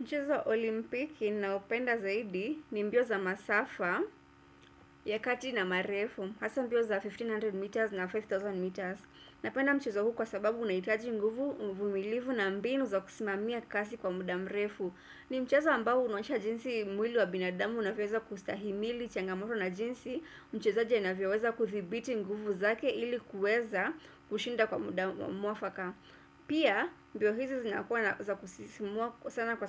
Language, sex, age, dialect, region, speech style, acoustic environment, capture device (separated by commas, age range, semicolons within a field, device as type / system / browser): Swahili, female, 25-34, Kenya - Nairobi Sheng-influenced, Kenya, relaxed, silence, desktop / Windows / Chrome